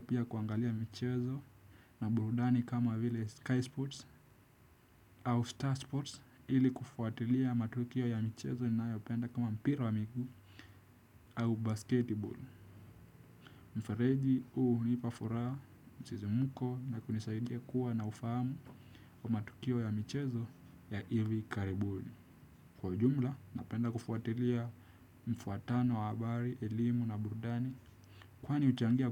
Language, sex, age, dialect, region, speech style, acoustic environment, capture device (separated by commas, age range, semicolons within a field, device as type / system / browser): Swahili, male, 18-24, Kenya - Nairobi Sheng-influenced, Kenya, relaxed; focused, people talking; music; silence; nature, desktop / Windows / Chrome